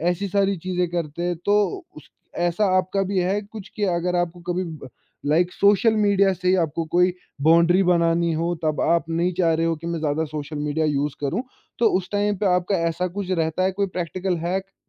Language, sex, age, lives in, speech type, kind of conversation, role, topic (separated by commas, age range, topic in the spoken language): Hindi, male, 20-24, India, conversation, podcast, host, Tum apni boundaries kaise communicate karte ho?